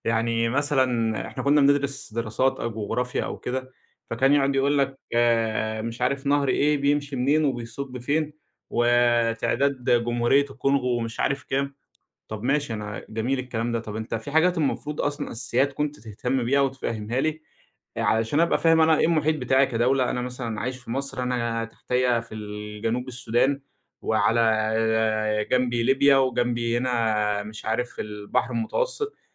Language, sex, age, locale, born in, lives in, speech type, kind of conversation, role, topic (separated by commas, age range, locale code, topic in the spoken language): Arabic, male, 25-29, ar-EG, Egypt, Egypt, conversation, podcast, guest, إزاي بدأت رحلتك التعليمية وإيه تأثيرها عليك لحد دلوقتي؟